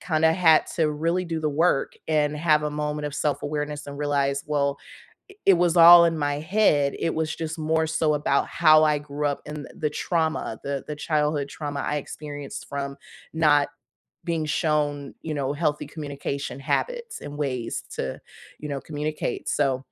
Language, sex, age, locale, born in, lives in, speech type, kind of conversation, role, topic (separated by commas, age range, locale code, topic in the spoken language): English, female, 30-34, en-US, United States, United States, conversation, unstructured, none, How do you maintain close friendships when life gets busy?